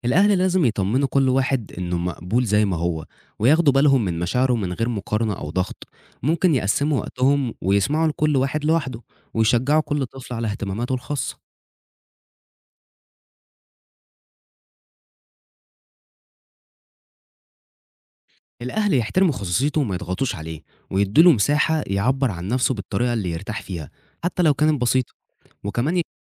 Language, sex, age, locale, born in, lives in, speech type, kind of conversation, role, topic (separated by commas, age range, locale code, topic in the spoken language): Arabic, male, 20-24, ar-EG, Egypt, Egypt, read, expert-assistant, expert, إيه مميزات وعيوب إن الأهل يكونوا صحاب لأولادهم بدل ما يكون في مسافة رسمية بينهم؟